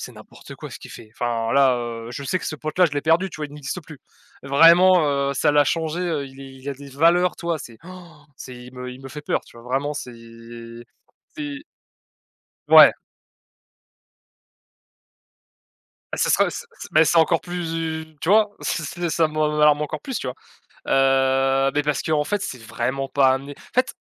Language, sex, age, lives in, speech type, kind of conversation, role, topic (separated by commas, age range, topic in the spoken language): French, male, 20-24, France, conversation, unstructured, none, Comment favoriser l’égalité des chances à l’école ?